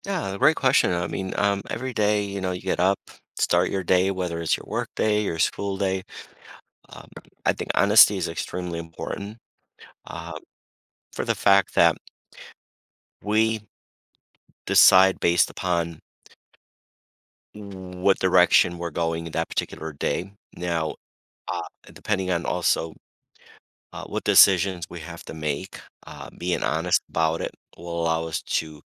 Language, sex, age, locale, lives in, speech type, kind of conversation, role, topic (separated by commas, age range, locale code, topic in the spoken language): English, male, 55-59, en-US, United States, conversation, podcast, guest, How does being honest shape our relationships and sense of self?